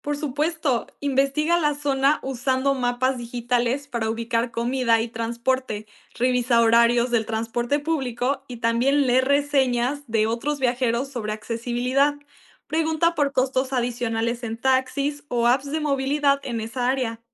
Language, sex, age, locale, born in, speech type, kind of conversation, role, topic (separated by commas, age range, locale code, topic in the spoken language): Spanish, female, 18-19, es-MX, Mexico, read, expert-assistant, expert, ¿Qué podría estar causando que siempre me salga más caro el viaje de lo que planeé?